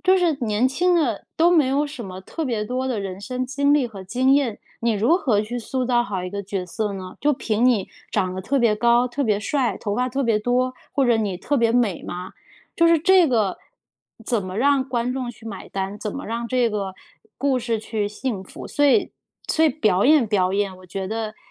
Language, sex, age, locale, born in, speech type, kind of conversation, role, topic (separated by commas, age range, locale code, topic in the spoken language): Chinese, female, 30-34, zh-CN, China, conversation, podcast, guest, 你如何评价当下的追剧文化？